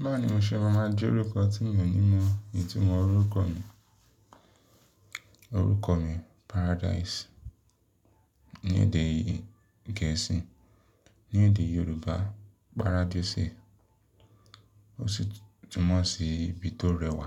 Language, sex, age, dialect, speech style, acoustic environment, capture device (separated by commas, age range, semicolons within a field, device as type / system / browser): Yoruba, male, 25-34, Nigeria - Lagos Yoruba, relaxed; happy, silence, mobile / Linux / Chrome